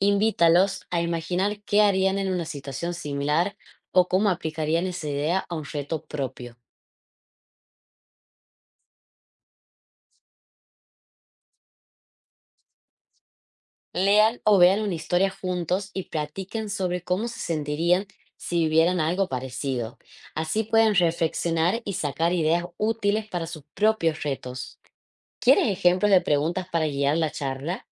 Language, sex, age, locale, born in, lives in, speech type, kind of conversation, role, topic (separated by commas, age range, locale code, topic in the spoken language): Spanish, female, 25-29, es-AR, Argentina, Netherlands, read, expert-assistant, expert, ¿Cómo podríamos hacer que aprender algo nuevo sea más fácil y divertido?